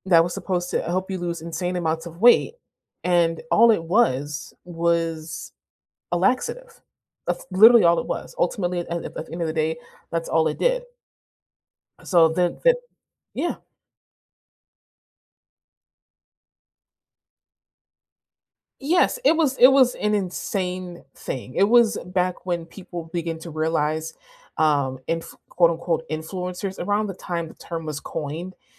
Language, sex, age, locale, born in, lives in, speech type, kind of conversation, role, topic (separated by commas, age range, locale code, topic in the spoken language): English, female, 25-29, en-US, United States, United States, conversation, unstructured, none, What happens when science is used to control or manipulate people?